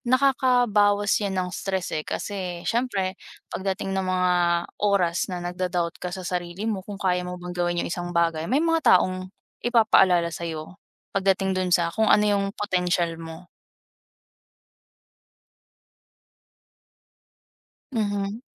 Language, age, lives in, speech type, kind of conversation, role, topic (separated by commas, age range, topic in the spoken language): Filipino, 20-24, Philippines, conversation, unstructured, none, Ano ang mga paborito mong paraan para mabawasan ang stress?